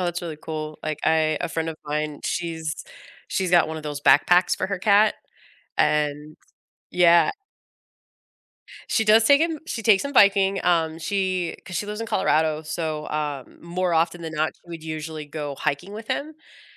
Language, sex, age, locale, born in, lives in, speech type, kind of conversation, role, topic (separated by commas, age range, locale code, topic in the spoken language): English, female, 35-39, en-US, United States, United States, conversation, unstructured, none, What is your favorite way to spend time with your pet?